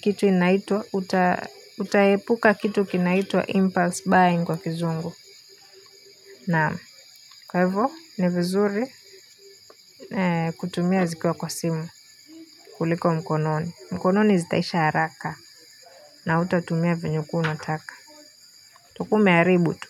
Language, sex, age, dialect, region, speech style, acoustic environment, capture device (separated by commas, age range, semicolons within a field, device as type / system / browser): Swahili, female, 18-24, Kenya - Nairobi Sheng-influenced, Kenya, happy, people talking; silence; nature, mobile / Linux / Chrome